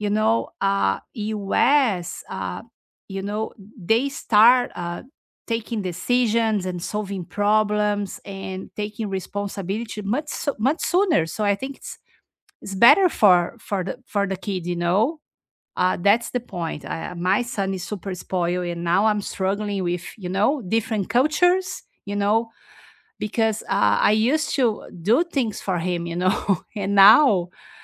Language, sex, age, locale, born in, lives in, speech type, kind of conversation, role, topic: English, female, 50-54, en-BR, Brazil, United States, conversation, unstructured, none, What new traditions would you love for us to start to feel closer and create lasting memories?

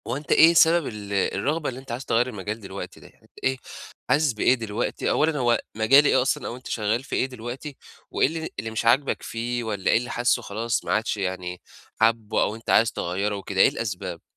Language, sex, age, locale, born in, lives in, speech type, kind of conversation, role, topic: Arabic, male, 20-24, ar-EG, Egypt, Egypt, conversation, advice, advisor, إزاي أحدد أنسب وقت أغيّر مجال شغلي من غير ما أخاطر بمستقبلي؟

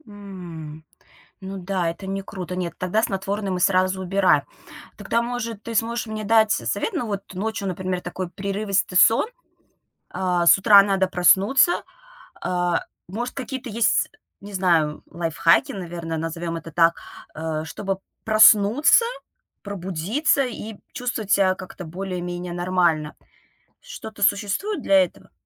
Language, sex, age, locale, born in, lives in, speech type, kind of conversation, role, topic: Russian, female, 35-39, ru-RU, Russia, United States, conversation, advice, user, Как смена графика работы повлияла на ваш режим сна?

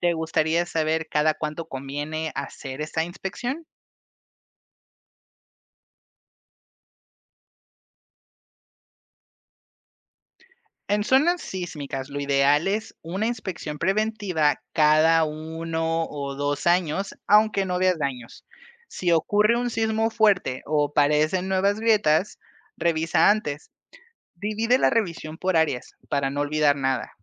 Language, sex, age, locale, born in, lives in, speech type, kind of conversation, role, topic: Spanish, male, 25-29, es-MX, Mexico, Mexico, read, expert-assistant, expert, ¿Cómo puedo proteger mi propiedad ante el riesgo de terremotos si vivo en una zona sísmica?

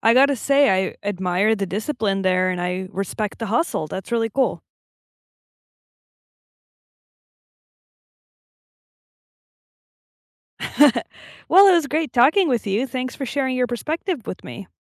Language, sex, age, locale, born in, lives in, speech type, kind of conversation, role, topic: English, female, 25-29, en-US, United States, United States, conversation, unstructured, none, What role does money play in your happiness?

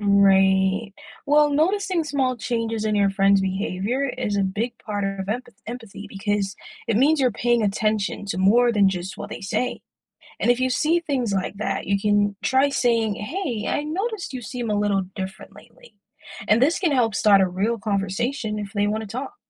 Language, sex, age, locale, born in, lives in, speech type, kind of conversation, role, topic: English, male, 25-29, en-US, Jamaica, United States, read, expert-assistant, expert, What role does empathy play in building strong friendships?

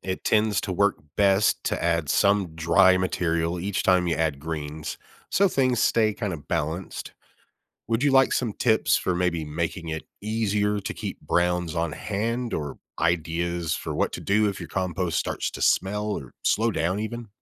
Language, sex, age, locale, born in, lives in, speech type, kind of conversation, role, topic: English, male, 40-44, en-US, United States, United States, read, expert-assistant, expert, What are some easy ways to make my home garden more sustainable?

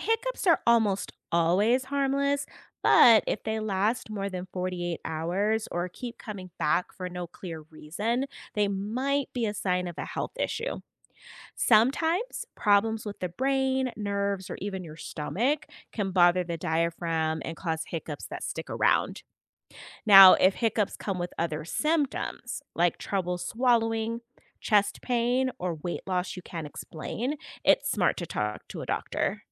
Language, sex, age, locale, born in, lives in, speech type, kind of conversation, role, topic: English, female, 40-44, en-US, United States, United States, read, expert-assistant, expert, What causes hiccups and what triggers them in people?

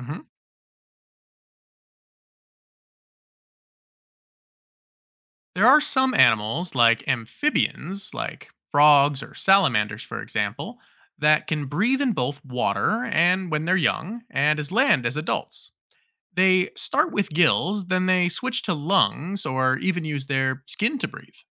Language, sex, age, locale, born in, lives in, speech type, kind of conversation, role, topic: English, male, 30-34, en-US, United States, United States, read, expert-assistant, expert, How do animals adapt to breathe and live in water and on land?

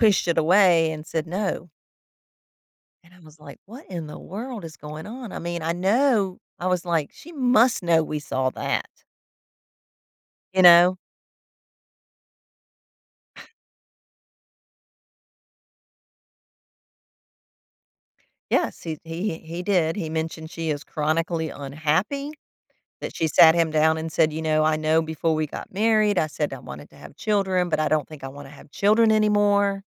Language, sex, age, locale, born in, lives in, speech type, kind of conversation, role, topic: English, female, 55-59, en-US, United States, United States, conversation, advice, user, How can I forgive myself and rebuild trust with my child after a parenting mistake?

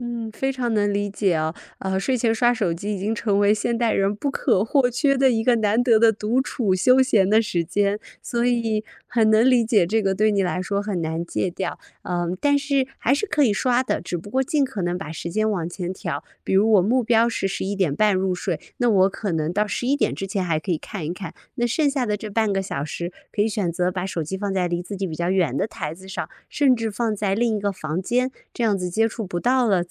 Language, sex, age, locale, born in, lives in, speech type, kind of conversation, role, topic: Chinese, female, 30-34, zh-CN, China, United States, conversation, advice, advisor, 我为什么总是难以坚持早起或保持固定作息？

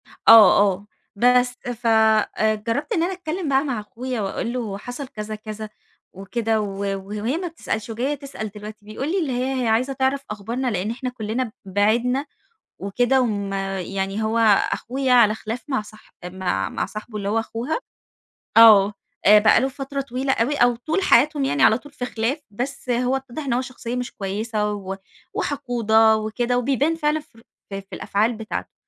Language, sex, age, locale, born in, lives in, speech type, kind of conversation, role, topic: Arabic, female, 30-34, ar-EG, Egypt, Egypt, conversation, advice, user, إزاي أرد على رسالة سلبية من زميل بهدوء وصبر؟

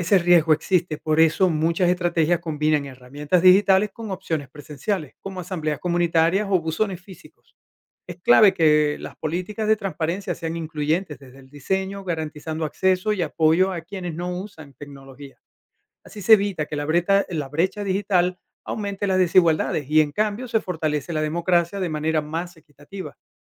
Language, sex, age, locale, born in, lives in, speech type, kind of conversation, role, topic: Spanish, male, 50-54, es-VE, Venezuela, United States, read, expert-assistant, expert, ¿Existe un sistema de gobierno ideal para todos los países o cada sociedad necesita el suyo propio?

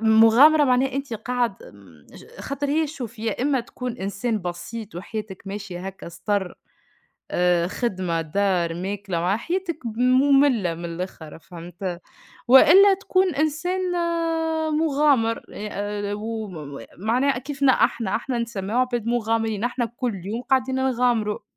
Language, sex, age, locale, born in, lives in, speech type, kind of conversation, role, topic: Arabic, female, 25-29, ar-TN, Tunisia, Portugal, conversation, unstructured, none, هل إنت شايف إن المغامرة تستاهل المخاطرة؟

